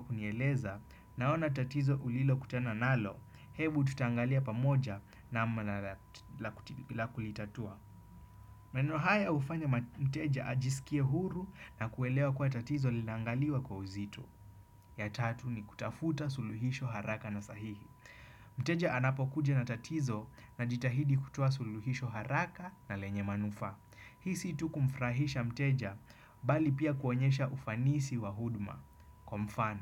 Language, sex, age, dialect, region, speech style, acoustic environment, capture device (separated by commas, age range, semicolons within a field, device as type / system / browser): Swahili, male, 25-34, Kenya - Nairobi Sheng-influenced, Kenya, happy; focused, nature; appliances, desktop / Windows / Chrome